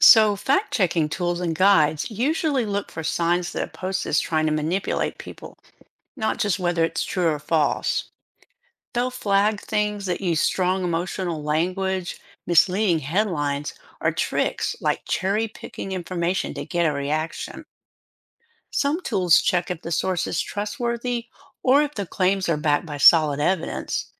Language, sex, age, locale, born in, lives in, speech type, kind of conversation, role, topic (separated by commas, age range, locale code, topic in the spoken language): English, female, 60-64, en-US, United States, United States, read, expert-assistant, expert, What are the best online resources for learning how social media influences voting behavior?